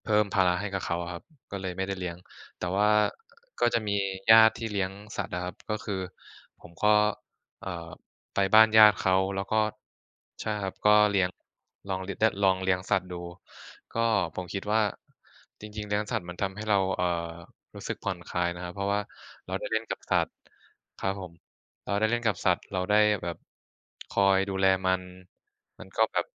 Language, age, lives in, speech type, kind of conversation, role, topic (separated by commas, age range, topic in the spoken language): Thai, 20-24, Thailand, conversation, unstructured, none, คุณมีเรื่องสนุก ๆ เกี่ยวกับสัตว์เลี้ยงไหม?